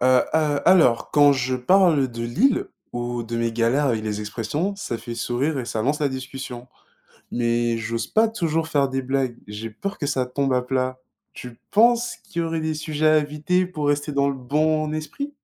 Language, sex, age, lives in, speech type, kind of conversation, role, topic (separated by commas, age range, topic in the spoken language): French, male, 20-24, France, read, expert-assistant, asker, Comment s’intégrer dans une équipe en adoptant les expressions et traditions locales ?